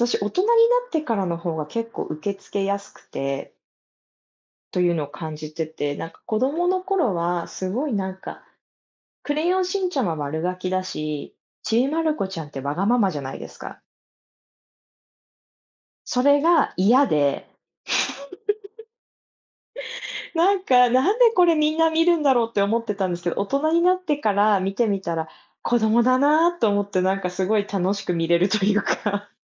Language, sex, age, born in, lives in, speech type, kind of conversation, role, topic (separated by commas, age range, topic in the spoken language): Japanese, female, 30-34, Japan, United States, conversation, unstructured, none, 子どものころに好きだったアニメは何ですか？